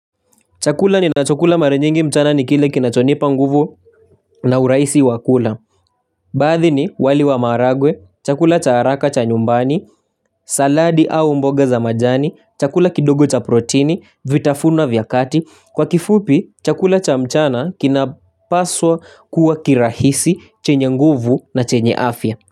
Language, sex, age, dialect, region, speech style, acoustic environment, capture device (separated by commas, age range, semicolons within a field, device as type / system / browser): Swahili, male, 18-24, Kenya - Nairobi Sheng-influenced, Kenya, happy, nature, mobile / Linux / Chrome